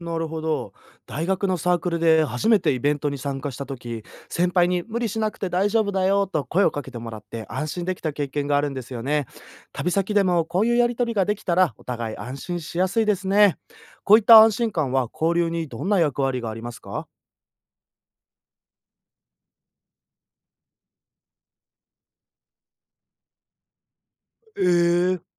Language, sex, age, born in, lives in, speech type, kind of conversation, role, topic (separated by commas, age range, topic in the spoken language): Japanese, male, 20-24, Japan, Japan, read, expert-assistant, asker, 旅行先で地元の文化や人々と交流するために身につけておくと良いスキルや心構えは何ですか？